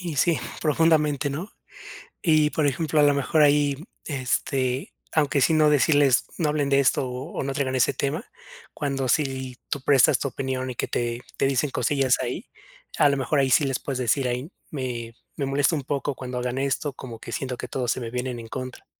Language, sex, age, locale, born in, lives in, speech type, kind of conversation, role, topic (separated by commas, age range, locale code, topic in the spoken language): Spanish, male, 30-34, es-MX, Mexico, Mexico, conversation, advice, advisor, ¿Cómo puedo vivir de forma auténtica de acuerdo con mis valores cuando me siento presionado?